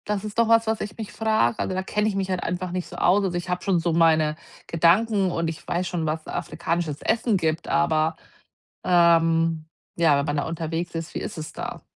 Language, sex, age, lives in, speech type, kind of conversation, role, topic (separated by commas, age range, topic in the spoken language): German, female, 40-44, Germany, conversation, advice, user, Wie kann ich neue Orte erkunden, ohne mich überfordert zu fühlen?